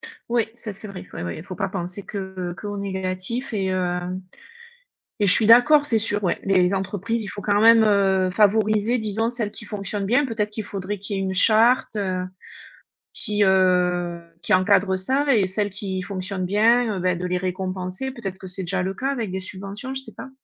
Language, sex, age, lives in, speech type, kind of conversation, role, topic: French, female, 40-44, United States, conversation, unstructured, none, Faut-il limiter le pouvoir des grandes entreprises en politique ?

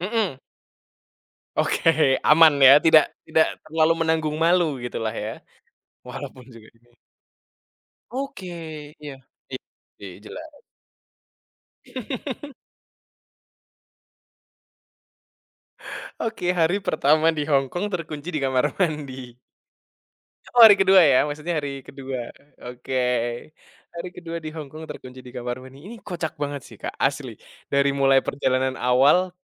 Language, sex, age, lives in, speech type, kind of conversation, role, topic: Indonesian, male, 20-24, Indonesia, conversation, podcast, host, Apa pengalaman perjalanan paling berkesan yang pernah kamu alami?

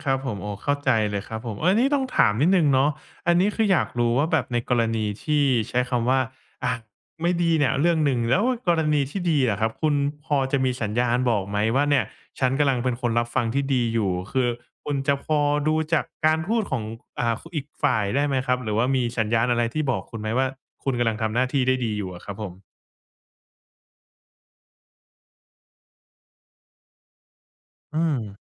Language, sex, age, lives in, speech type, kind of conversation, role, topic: Thai, male, 25-29, Thailand, conversation, podcast, host, เวลาใครมาระบาย คุณทำยังไงให้เขารู้สึกถูกฟัง?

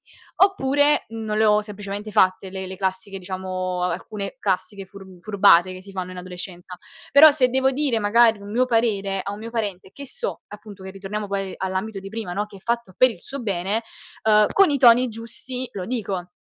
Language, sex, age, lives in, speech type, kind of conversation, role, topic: Italian, female, 20-24, Italy, conversation, unstructured, none, Cosa significa per te essere una persona onesta?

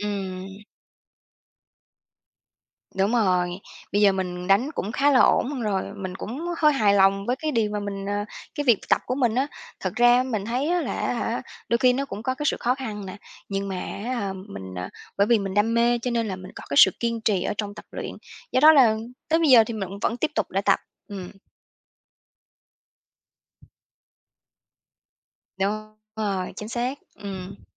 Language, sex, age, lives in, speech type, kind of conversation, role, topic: Vietnamese, female, 30-34, Vietnam, conversation, podcast, guest, Bạn có mẹo nào dành cho người mới bắt đầu không?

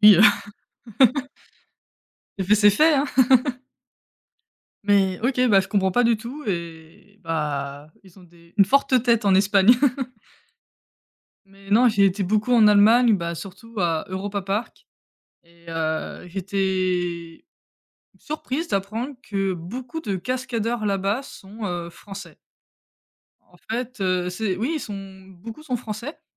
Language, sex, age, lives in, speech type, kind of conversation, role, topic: French, female, 25-29, France, conversation, unstructured, none, As-tu une anecdote surprenante à raconter sur un voyage ?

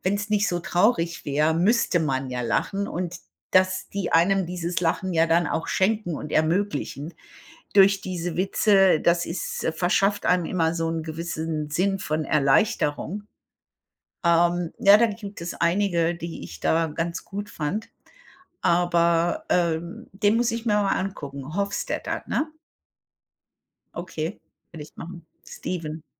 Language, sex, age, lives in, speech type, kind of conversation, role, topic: German, female, 55-59, United States, conversation, unstructured, none, Was ist deine Lieblingsbeschäftigung an einem freien Tag?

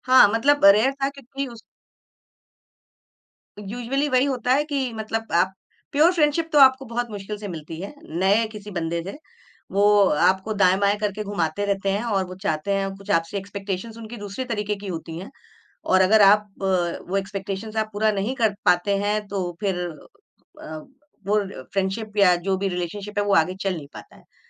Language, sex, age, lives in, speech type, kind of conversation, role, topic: Hindi, female, 45-49, India, conversation, podcast, guest, Online groups se sachchi dosti possible lagti hai kya, yaar?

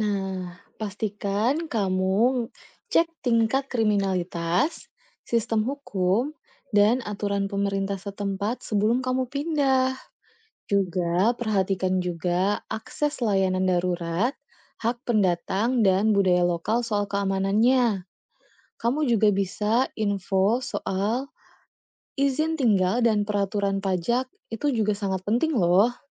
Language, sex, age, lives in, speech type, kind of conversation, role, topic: Indonesian, female, 30-34, Indonesia, read, expert-assistant, expert, Apa yang perlu dipikirkan sebelum pindah ke kota atau negara lain, terutama soal keamanan dan kebijakan pemerintahnya?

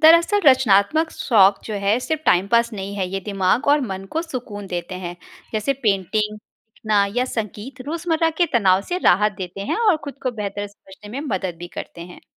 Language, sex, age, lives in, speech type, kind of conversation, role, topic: Hindi, female, 35-39, India, read, expert-assistant, expert, लोग रचनात्मक शौक (जैसे चित्रकारी, लेखन, संगीत आदि) अपनाने के पीछे मुख्य कारण क्या होते हैं?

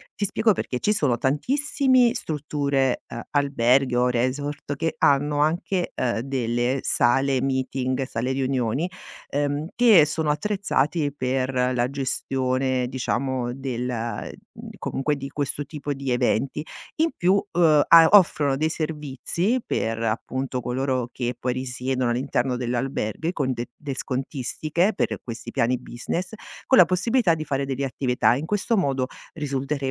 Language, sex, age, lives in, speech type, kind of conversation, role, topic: Italian, female, 45-49, Italy, conversation, advice, advisor, Come posso superare un blocco creativo quando manca poco a una scadenza importante?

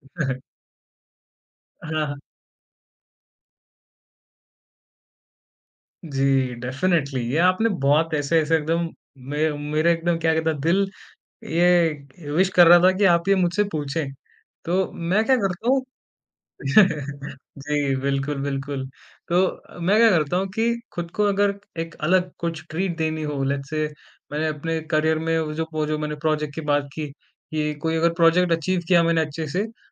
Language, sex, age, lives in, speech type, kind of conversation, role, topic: Hindi, male, 20-24, India, conversation, podcast, guest, Roz ki chhoti jeetein celebrate karne ke liye tum kaunse rituals follow karte ho?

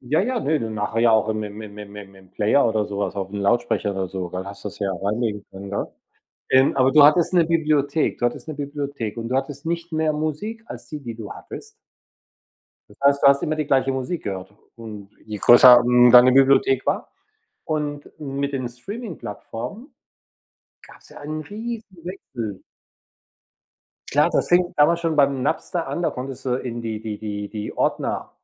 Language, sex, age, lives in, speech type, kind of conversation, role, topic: German, male, 60-64, Spain, conversation, unstructured, none, Wie beeinflusst Musik deine Stimmung im Alltag?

